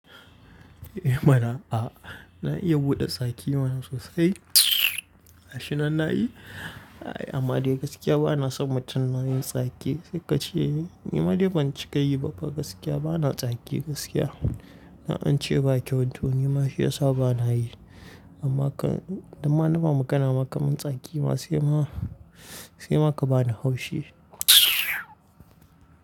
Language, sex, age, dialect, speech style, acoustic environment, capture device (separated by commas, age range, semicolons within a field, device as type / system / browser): Hausa, male, 18-24, Nigeria - Kano, tired, nature; silence, mobile / Linux / Chrome